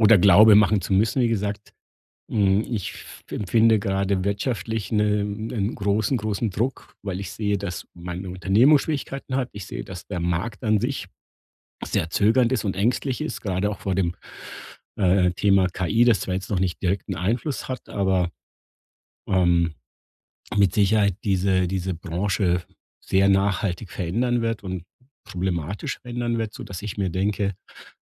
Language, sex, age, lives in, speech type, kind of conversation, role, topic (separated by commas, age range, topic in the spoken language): German, male, 50-54, Germany, conversation, advice, user, Wie kann ich meine Verhaltensmuster erkennen und durch das Nachverfolgen meiner Gewohnheiten verändern?